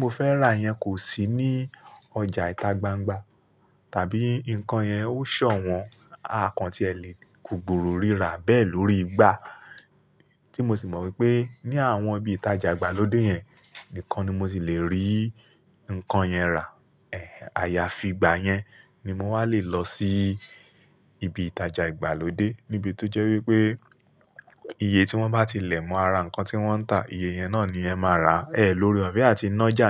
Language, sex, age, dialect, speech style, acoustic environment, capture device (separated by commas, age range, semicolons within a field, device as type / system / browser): Yoruba, male, 35-44, Nigeria - Lagos Yoruba, relaxed; focused, silence, mobile / Linux / Chrome